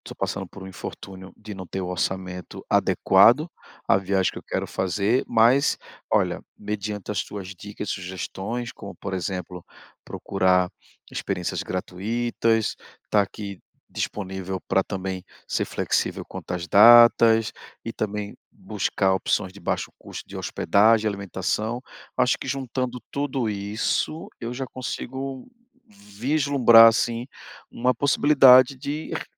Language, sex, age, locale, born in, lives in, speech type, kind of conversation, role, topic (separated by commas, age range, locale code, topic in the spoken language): Portuguese, male, 40-44, pt-BR, Brazil, Portugal, conversation, advice, user, Como posso viajar mais mesmo com pouco dinheiro disponível?